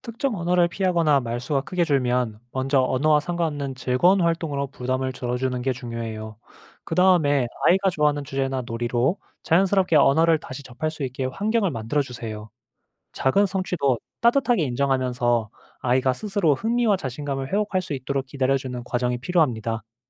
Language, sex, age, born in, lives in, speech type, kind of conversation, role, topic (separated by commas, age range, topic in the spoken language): Korean, male, 25-29, South Korea, Japan, read, expert-assistant, expert, 어릴 때부터 여러 언어를 배우는 것이 삶에 어떤 긍정적인 변화와 어려움을 줄 수 있을까요?